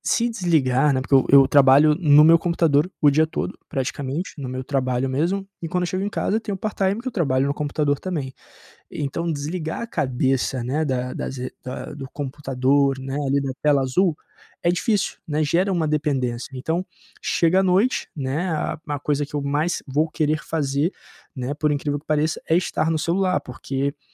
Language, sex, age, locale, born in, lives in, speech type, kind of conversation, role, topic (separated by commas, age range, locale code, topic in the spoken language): Portuguese, male, 25-29, pt-BR, Brazil, Portugal, conversation, advice, user, Como posso criar uma rotina noturna calma e consistente?